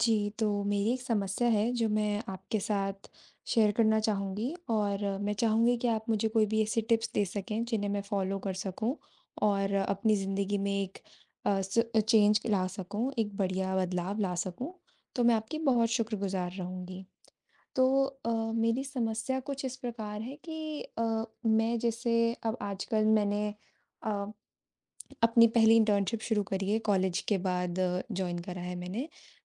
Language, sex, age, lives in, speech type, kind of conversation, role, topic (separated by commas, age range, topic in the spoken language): Hindi, female, 20-24, India, conversation, advice, user, भावनाओं में आकर आप कब और क्यों बार-बार जरूरत से ज्यादा खर्च कर बैठते हैं?